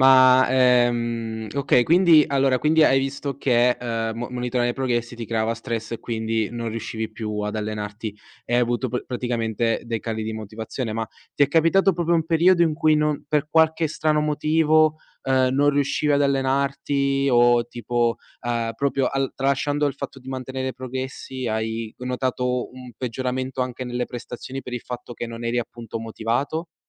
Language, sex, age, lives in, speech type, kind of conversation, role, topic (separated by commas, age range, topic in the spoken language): Italian, male, 20-24, Italy, conversation, podcast, host, Quali strategie usi per restare motivato ad allenarti?